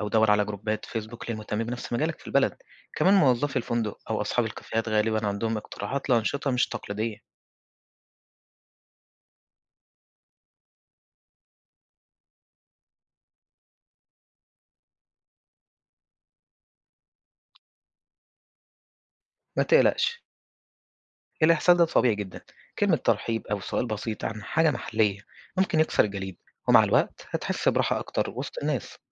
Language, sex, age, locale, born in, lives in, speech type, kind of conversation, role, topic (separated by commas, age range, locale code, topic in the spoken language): Arabic, male, 20-24, ar-EG, Egypt, Egypt, read, expert-assistant, expert, إزاي ممكن أتعلم أكون مسافر ذكي وأستفيد أكتر من كل تجربة سياحية، مش بس أزور أماكن وخلاص؟